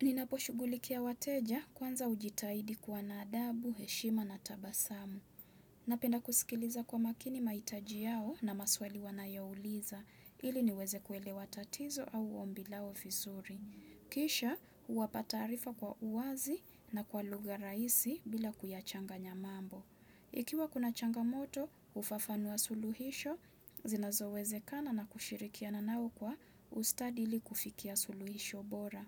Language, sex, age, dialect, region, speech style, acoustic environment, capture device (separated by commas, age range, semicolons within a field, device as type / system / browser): Swahili, female, 25-34, Kenya - Mombasa, Kenya, relaxed, nature, desktop / Windows / Chrome